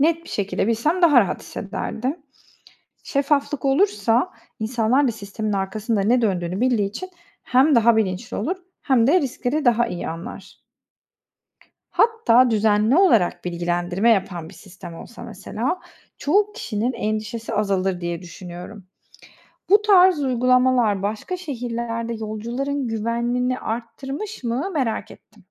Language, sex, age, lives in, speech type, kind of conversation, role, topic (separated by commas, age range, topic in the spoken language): Turkish, female, 30-34, Netherlands, read, expert-assistant, asker, Toplu taşımada sık yaşanan arızaların sebepleri ve olası çözümleri nelerdir?